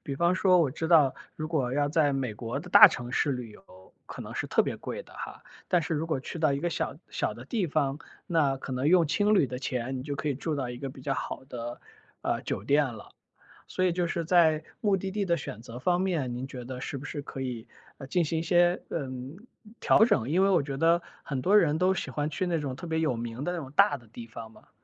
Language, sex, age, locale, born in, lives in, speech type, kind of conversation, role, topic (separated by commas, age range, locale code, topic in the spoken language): Chinese, male, 35-39, zh-CN, China, United States, conversation, advice, advisor, 旅行中如何在不同地点和时区仍能保持稳定的睡眠作息？